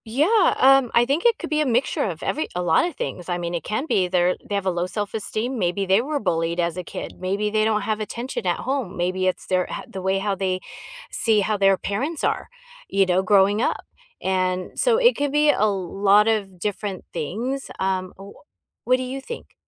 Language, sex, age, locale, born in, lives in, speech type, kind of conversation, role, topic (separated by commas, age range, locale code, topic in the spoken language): English, female, 50-54, en-US, United States, United States, conversation, unstructured, none, How do you react when you see someone taking advantage of others?